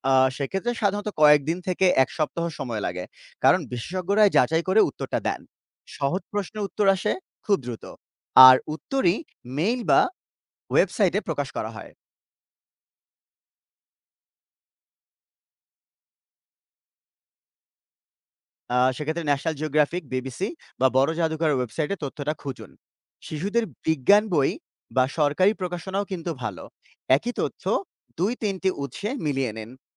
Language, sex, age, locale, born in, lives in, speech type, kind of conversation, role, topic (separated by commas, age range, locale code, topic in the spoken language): Bengali, male, 30-34, bn-BD, Bangladesh, Bangladesh, read, expert-assistant, expert, সমুদ্রের জীববৈচিত্র্য নিয়ে যে গল্প বা তথ্য ছড়িয়ে আছে, সেগুলোর সত্য-মিথ্যা যাচাই করতে সাধারণ মানুষ কীভাবে বা কোথায় খোঁজ নিতে পারে?